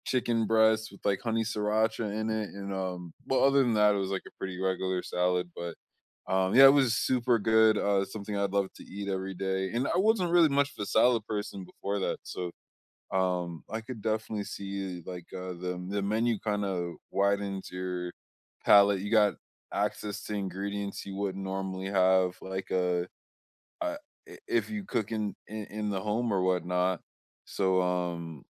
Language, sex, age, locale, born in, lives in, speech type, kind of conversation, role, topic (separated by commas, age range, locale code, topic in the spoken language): English, male, 25-29, en-US, United States, United States, conversation, unstructured, none, What is the most underrated part of your job that you enjoy?